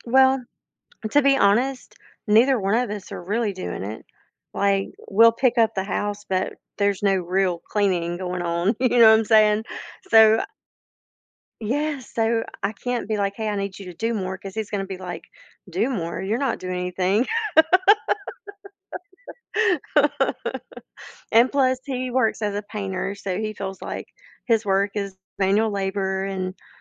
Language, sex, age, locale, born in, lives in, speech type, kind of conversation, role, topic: English, female, 45-49, en-US, United States, United States, conversation, advice, user, How can I manage overwhelming responsibilities?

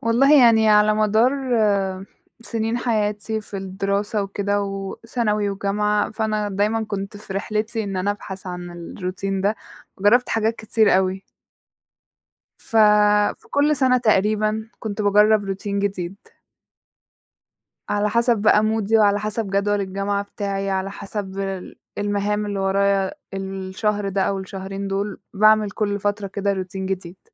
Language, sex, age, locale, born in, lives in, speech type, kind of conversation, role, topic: Arabic, female, 20-24, ar-EG, Egypt, Egypt, conversation, podcast, guest, إيه هو روتين صباحي صحي بتعمله وبيحسّن يومك؟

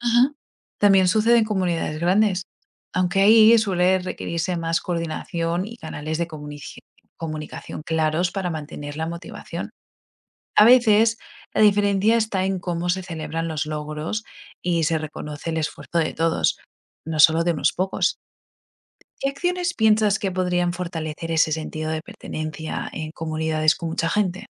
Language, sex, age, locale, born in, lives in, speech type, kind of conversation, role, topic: Spanish, female, 25-29, es-ES, Spain, United States, read, expert-assistant, expert, ¿Cómo influyen las acciones ambientales en la identidad y unidad de una comunidad?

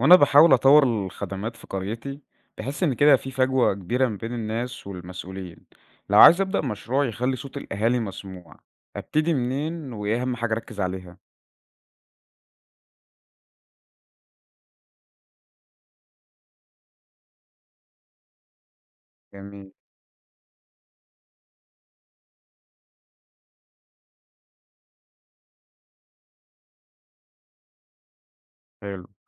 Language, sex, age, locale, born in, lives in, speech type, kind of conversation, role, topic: Arabic, male, 20-24, ar-EG, Egypt, Egypt, read, expert-assistant, asker, لو حبيت أنظم مشروع بيهدف لتحسين نظم الحكم المحلي في مصر، أبدأ منين وأحط إيه في الاعتبار؟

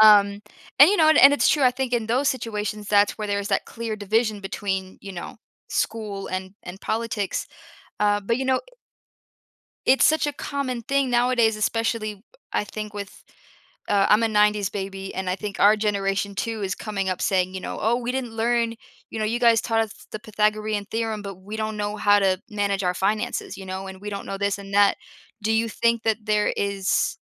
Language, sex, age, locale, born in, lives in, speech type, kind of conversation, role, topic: English, female, 25-29, en-US, United States, United States, conversation, podcast, host, How do inspiring teachers make a lasting difference in students' lives?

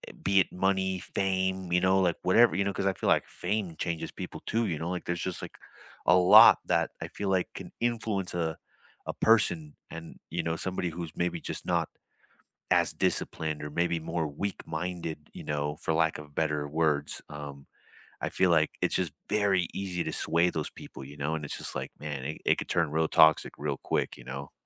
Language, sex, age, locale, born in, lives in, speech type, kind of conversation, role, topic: English, male, 30-34, en-US, United States, United States, conversation, unstructured, none, How does your team celebrate big and small wins in ways that strengthen connection?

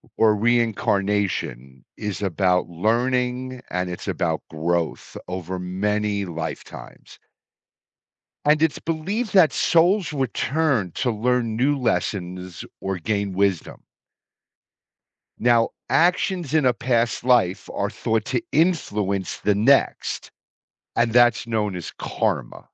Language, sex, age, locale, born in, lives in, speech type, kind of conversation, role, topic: English, male, 55-59, en-US, United States, United States, read, expert-assistant, expert, How do different religions approach the concept of afterlife?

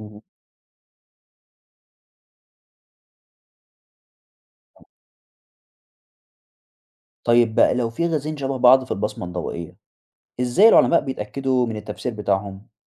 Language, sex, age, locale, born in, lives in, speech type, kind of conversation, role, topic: Arabic, male, 20-24, ar-EG, Egypt, Egypt, read, expert-assistant, asker, من بين كل العلامات اللي ممكن تدل على وجود حياة برا الأرض، إيه العلامات اللي تعتبر أهم وضروري نبحث عنها الأول؟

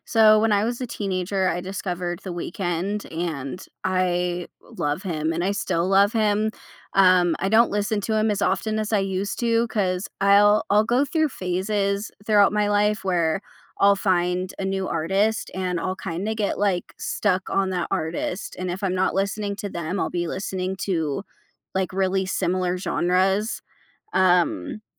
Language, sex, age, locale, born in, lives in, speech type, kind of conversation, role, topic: English, female, 25-29, en-US, United States, United States, conversation, podcast, guest, How does music shape your routines and experiences each day?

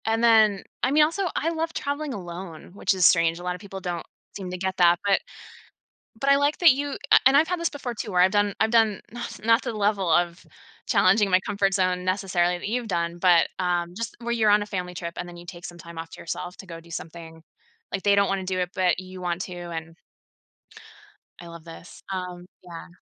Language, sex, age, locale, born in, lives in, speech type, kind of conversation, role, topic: English, female, 40-44, en-US, United States, United States, conversation, podcast, host, How can travel help us grow by pushing our boundaries?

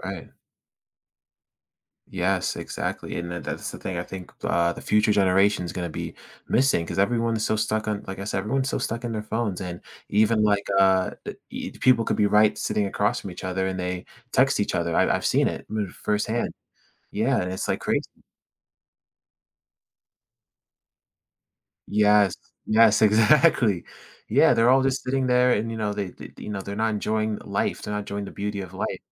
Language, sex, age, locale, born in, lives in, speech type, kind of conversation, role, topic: English, male, 18-19, en-US, United States, United States, conversation, podcast, host, In what ways has technology transformed our everyday interactions with others?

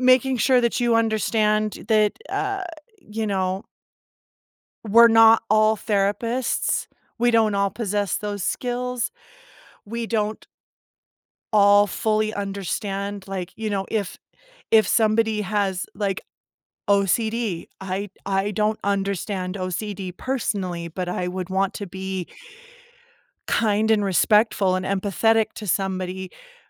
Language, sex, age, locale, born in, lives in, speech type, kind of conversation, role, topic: English, female, 40-44, en-US, United States, United States, conversation, podcast, guest, How can we be there for friends who are struggling without overstepping or neglecting our own well-being?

